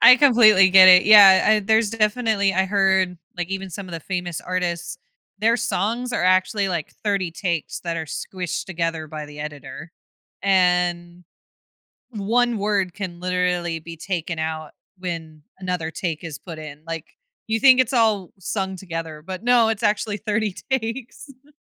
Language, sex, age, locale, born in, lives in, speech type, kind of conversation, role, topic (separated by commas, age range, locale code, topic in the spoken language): English, female, 25-29, en-US, United States, United States, conversation, podcast, host, What helps you spark creativity when beginning something new?